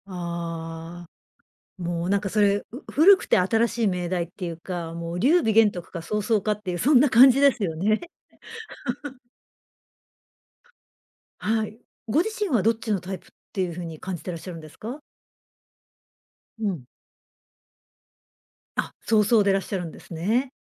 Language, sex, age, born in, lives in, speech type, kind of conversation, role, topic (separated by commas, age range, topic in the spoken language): Japanese, female, 55-59, Japan, Japan, conversation, podcast, host, 良いリーダーにとって最も大切なことは何だと思いますか？